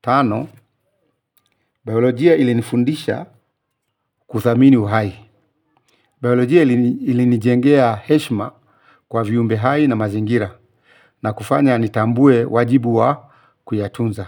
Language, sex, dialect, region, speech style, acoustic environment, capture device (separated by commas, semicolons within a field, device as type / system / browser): Swahili, male, Kenya - Nairobi Sheng-influenced, Kenya, focused, silence, mobile / Linux / Chrome